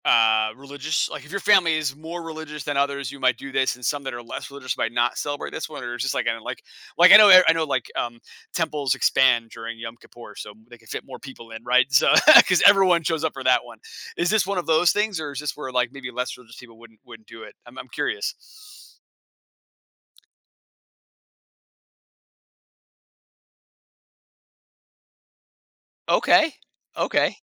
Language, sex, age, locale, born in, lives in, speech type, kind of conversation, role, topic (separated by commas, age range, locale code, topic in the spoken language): English, male, 40-44, en-US, United States, United States, conversation, podcast, host, How do holidays shape your sense of heritage?